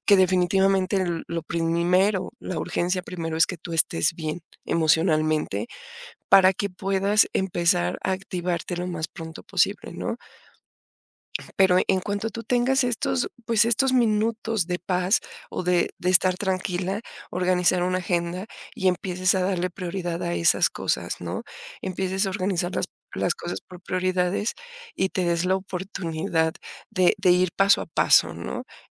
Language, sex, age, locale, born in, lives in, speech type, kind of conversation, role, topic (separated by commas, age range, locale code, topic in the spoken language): Spanish, female, 45-49, es-MX, Mexico, Mexico, conversation, advice, advisor, ¿Cómo puedo superar una relación de años y reconfigurar mi vida?